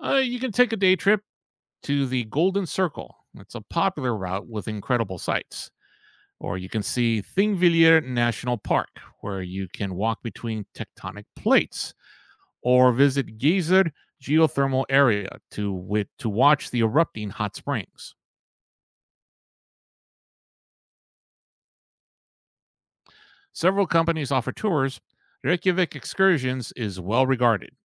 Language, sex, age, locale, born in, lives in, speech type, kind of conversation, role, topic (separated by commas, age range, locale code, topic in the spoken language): English, male, 55-59, en-US, United States, United States, read, expert-assistant, expert, What should I do on a 3-day trip to Reykjavik, Iceland?